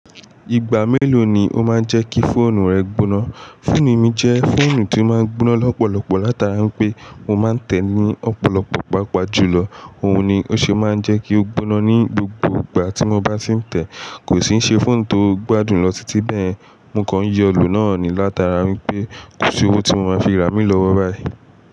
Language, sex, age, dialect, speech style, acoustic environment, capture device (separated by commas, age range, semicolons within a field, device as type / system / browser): Yoruba, male, 18-24, Nigeria - Nigerian Standard, relaxed, silence, mobile / Linux / Chrome